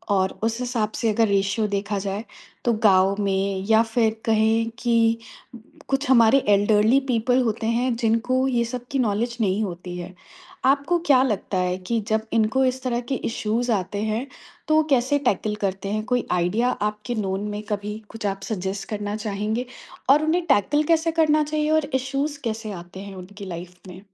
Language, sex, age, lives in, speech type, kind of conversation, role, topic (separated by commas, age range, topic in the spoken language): Hindi, female, 30-34, India, conversation, podcast, host, Tech adoption aur digital divide ki wajah se aam logon ko kya-kya dikkat ho sakti hai, aapka kya nazariya hai?